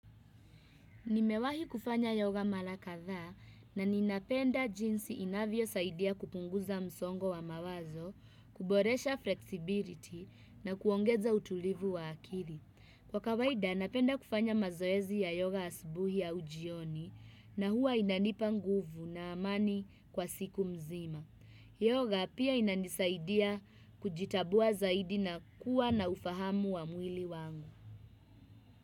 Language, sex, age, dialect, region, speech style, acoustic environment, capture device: Swahili, female, 25-34, Kenya - Nairobi Sheng-influenced, Kenya, happy, people talking, desktop / Windows / Chrome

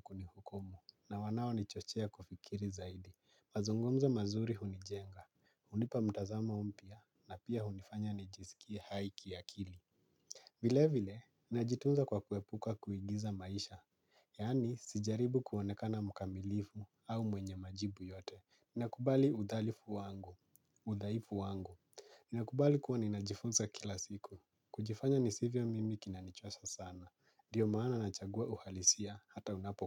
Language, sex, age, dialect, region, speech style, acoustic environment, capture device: Swahili, male, 25-34, Kenya - Nairobi Sheng-influenced, Kenya, relaxed, nature; silence; appliances, mobile / Linux / Chrome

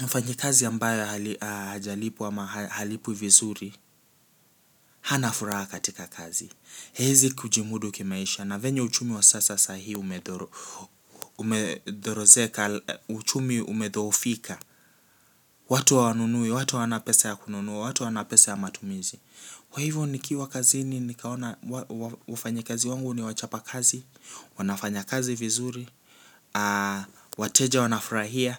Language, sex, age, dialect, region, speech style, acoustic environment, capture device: Swahili, male, 18-24, Kenya - Nairobi Sheng-influenced, Kenya, relaxed, appliances, mobile / Linux / Chrome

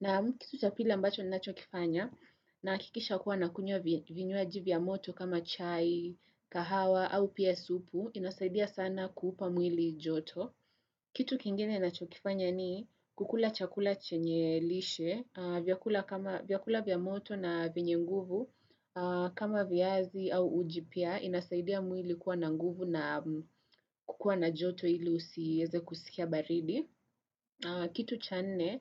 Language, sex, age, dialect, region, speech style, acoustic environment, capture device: Swahili, female, 18-24, Kenya - Nairobi Sheng-influenced, Kenya, nervous; focused, people talking; traffic, desktop / Windows / Chrome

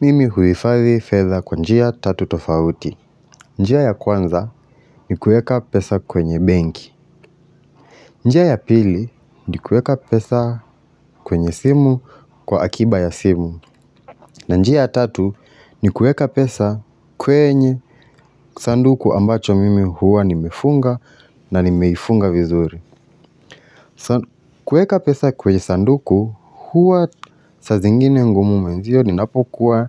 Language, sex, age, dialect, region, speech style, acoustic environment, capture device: Swahili, male, 25-34, Kenya - Nairobi Sheng-influenced, Kenya, relaxed, silence; nature, mobile / Linux / Chrome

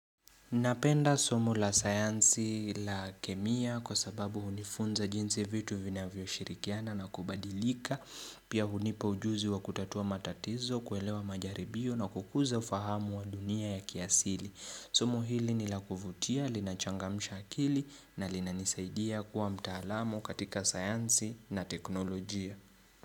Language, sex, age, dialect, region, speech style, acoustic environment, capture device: Swahili, male, 25-34, Kenya - Nairobi Sheng-influenced, Kenya, relaxed; focused, nature; silence, mobile / Linux / Chrome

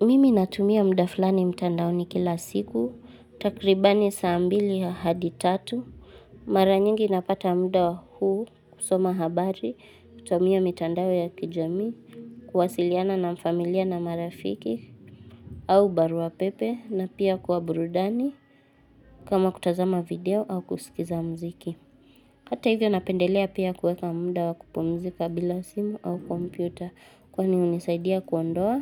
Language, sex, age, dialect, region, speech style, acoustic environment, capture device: Swahili, female, 18-24, Kenya - Nairobi Sheng-influenced, Kenya, anxious, silence, mobile / Linux / Chrome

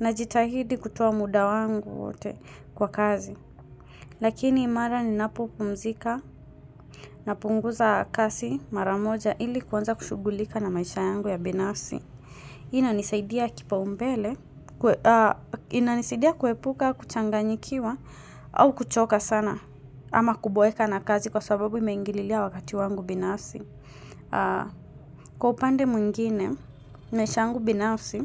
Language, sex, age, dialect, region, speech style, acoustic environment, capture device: Swahili, female, 25-34, Kenya - Mombasa, Kenya, relaxed, silence, mobile / Linux / Chrome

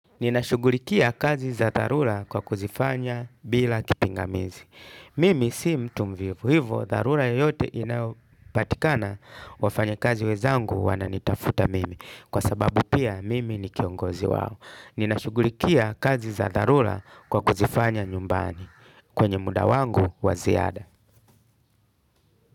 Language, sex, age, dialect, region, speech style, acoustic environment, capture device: Swahili, male, 35-44, Kenya - Mombasa, Kenya, happy, music, desktop / Linux / Chrome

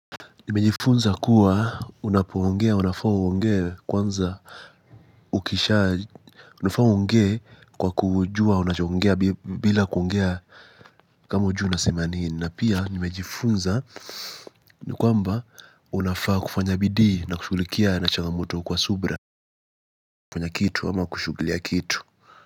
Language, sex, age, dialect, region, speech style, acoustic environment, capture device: Swahili, male, 18-24, Kenya - Mombasa, Kenya, focused, silence, mobile / Linux / Chrome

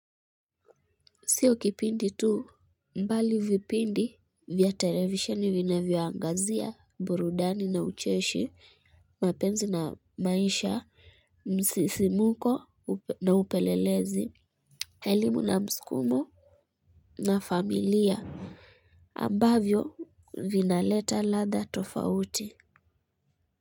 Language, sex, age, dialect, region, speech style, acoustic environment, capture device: Swahili, female, 25-34, Kenya - Kitui, Kenya, happy, nature, mobile / Linux / Chrome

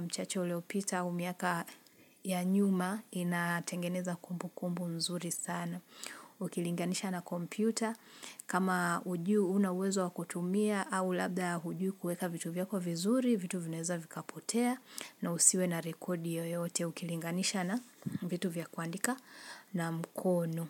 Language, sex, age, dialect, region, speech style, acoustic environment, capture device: Swahili, female, 25-34, Kenya - Nairobi Sheng-influenced, Kenya, nervous, silence, mobile / Linux / Chrome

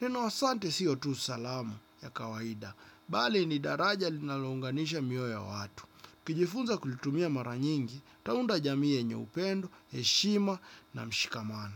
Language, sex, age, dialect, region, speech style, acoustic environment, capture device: Swahili, male, 25-34, Kenya - Nairobi Sheng-influenced, Kenya, relaxed, nature, desktop / Windows / Chrome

